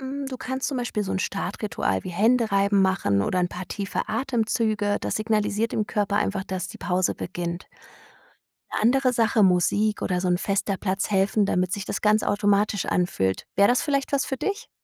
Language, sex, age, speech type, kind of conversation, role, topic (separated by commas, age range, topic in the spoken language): German, female, 35-39, read, expert-assistant, expert, Wie finde ich im hektischen Alltag Momente, um innerlich zur Ruhe zu kommen?